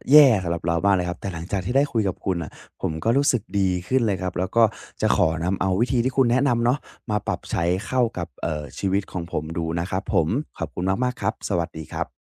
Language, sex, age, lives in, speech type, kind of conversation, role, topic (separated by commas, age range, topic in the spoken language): Thai, male, 20-24, Thailand, conversation, advice, user, พ่อแม่ควรสื่อสารกันอย่างไรเพื่อแก้ปัญหาความเห็นต่างเรื่องการเลี้ยงลูกให้สงบและเหมาะสม?